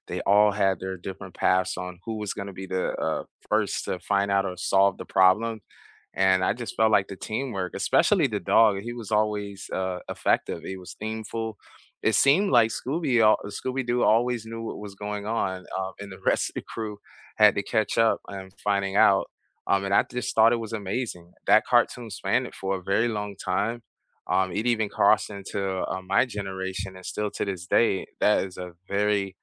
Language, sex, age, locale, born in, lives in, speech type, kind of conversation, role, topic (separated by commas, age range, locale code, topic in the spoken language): English, male, 40-44, en-US, United States, United States, conversation, unstructured, none, What cartoons from your childhood still make you laugh?